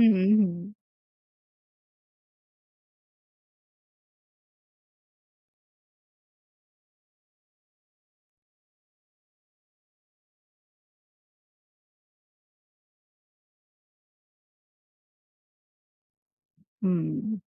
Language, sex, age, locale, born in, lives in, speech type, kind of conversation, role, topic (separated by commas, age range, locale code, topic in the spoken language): Bengali, female, 35-39, bn-BD, Bangladesh, Bangladesh, conversation, unstructured, none, আপনি কেন এই শখটি পছন্দ করেন?